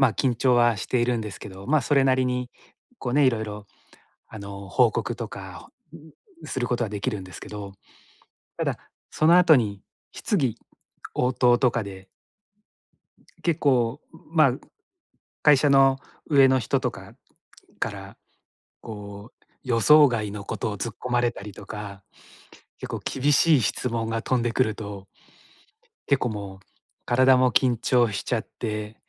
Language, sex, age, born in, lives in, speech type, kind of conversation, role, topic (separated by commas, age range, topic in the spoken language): Japanese, male, 45-49, Japan, Japan, conversation, advice, user, 緊張したとき、呼吸法でどのように気持ちを落ち着ければよいですか？